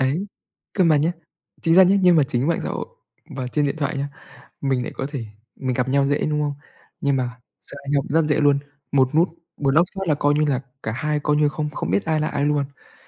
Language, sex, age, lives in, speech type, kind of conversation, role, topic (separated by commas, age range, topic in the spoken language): Vietnamese, male, 20-24, Vietnam, conversation, unstructured, none, Bạn làm thế nào để cân bằng thời gian dùng điện thoại và cuộc sống thực?